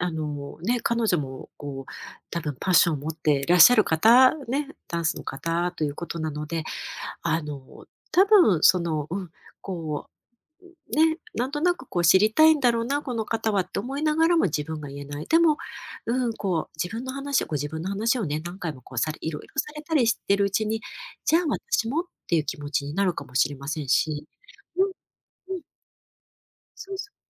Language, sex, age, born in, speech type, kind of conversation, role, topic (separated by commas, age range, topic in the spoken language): Japanese, female, 50-54, Japan, conversation, advice, advisor, 愛情表現の仕方が違って寂しさを感じるとき、どう伝えればよいですか？